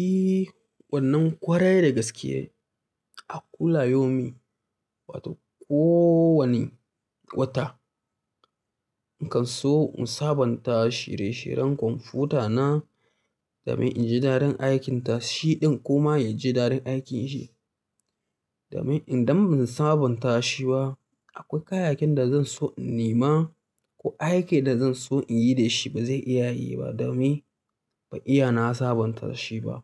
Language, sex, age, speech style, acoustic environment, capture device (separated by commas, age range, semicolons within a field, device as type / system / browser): Hausa, male, 25-34, tired; relaxed, silence, mobile / Linux / Chrome